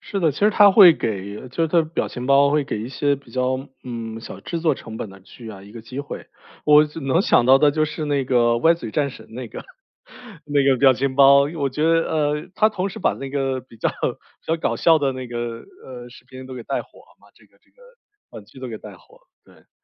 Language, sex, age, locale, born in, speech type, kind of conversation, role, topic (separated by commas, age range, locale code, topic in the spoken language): Chinese, male, 35-39, zh-CN, China, conversation, podcast, host, 你觉得一部剧之所以能爆火，最关键的因素有哪些？